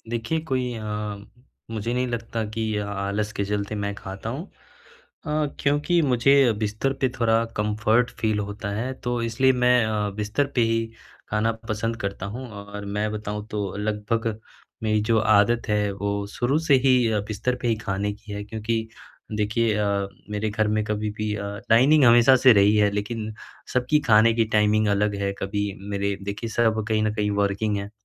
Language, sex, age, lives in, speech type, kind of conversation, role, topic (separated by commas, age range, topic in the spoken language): Hindi, male, 20-24, India, conversation, advice, user, रात में देर तक नींद न आने और अगले दिन बेचैनी होने का कारण क्या हो सकता है?